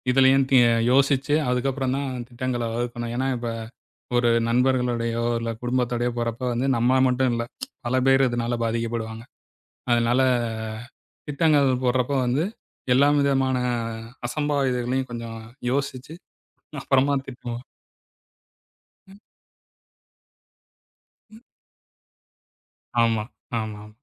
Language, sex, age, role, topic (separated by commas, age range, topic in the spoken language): Tamil, male, 40-44, guest, பயணத்தில் நீங்கள் கற்றுக்கொண்ட மிக முக்கியமான பாடம் என்ன?